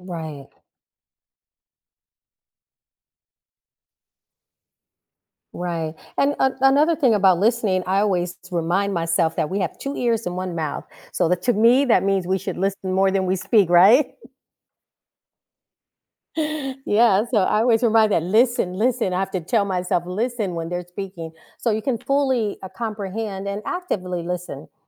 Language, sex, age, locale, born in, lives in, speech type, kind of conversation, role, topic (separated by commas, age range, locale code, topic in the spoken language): English, female, 55-59, en-US, United States, United States, conversation, podcast, host, What are effective ways to resolve misunderstandings while maintaining respect and understanding?